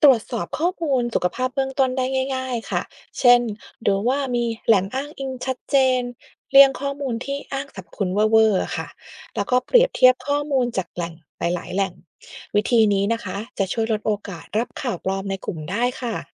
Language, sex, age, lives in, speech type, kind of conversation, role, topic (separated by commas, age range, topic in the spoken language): Thai, female, 40-44, United States, read, expert-assistant, expert, อยากรู้ว่าข้อมูลสุขภาพที่เจอในโซเชียลมีเดีย เราจะเช็กยังไงว่าอันไหนจริงหรือไม่น่าเชื่อถือ?